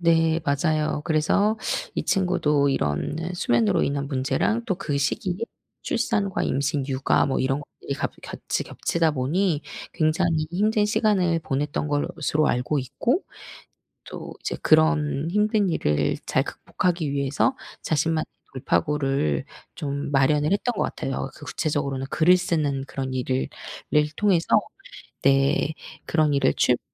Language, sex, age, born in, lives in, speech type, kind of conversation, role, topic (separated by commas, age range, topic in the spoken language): Korean, female, 40-44, South Korea, South Korea, conversation, podcast, host, 잠들기 전에 보통 어떤 루틴을 지키시나요?